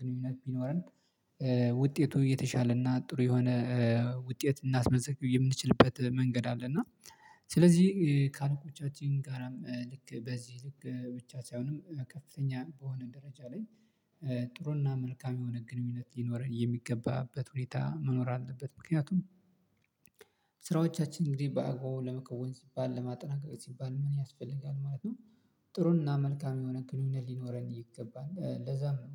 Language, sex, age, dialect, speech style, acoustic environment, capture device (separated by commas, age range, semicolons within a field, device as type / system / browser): Amharic, male, 25-34, Ethiopia - Addis Ababa, relaxed, silence, mobile / Linux / Chrome